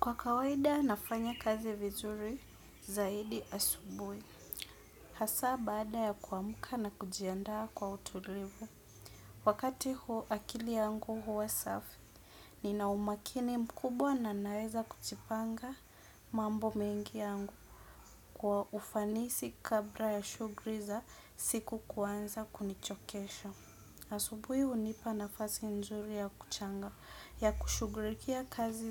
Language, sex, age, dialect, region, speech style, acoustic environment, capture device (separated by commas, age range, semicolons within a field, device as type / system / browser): Swahili, female, 25-34, Kenya - Nairobi Sheng-influenced, Kenya, relaxed, appliances; music, desktop / Windows / Edge